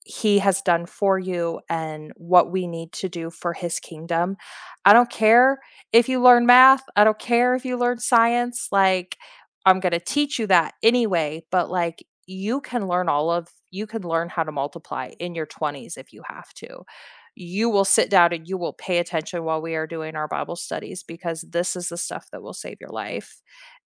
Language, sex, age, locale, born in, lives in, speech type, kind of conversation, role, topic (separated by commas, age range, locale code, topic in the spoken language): English, female, 40-44, en-US, United States, United States, conversation, unstructured, none, What is one small daily habit you have started recently that has quietly improved your days, and why?